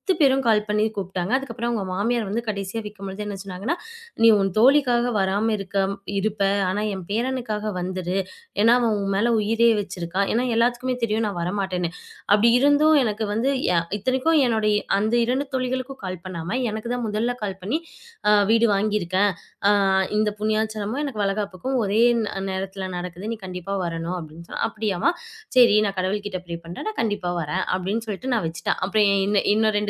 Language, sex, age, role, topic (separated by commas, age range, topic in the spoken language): Tamil, female, 25-29, guest, பிறருக்கு பிடிக்காத முடிவுகளை நீ எடுத்ததால், உனக்கு வருத்தம் ஏற்படுமா?